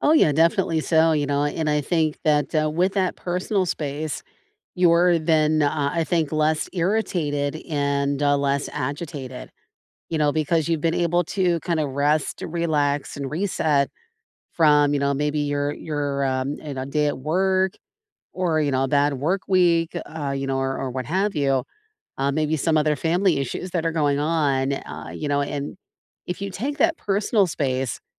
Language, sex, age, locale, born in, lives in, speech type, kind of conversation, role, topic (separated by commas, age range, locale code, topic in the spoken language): English, female, 45-49, en-US, United States, United States, conversation, unstructured, none, How do you balance personal space and togetherness to strengthen your connection?